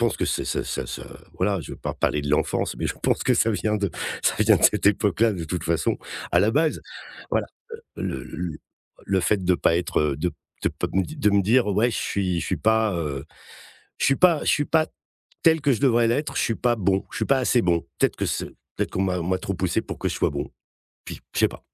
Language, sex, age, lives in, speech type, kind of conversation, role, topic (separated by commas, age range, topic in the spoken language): French, male, 55-59, France, conversation, advice, user, Comment gérer un stress intense avant une présentation importante ?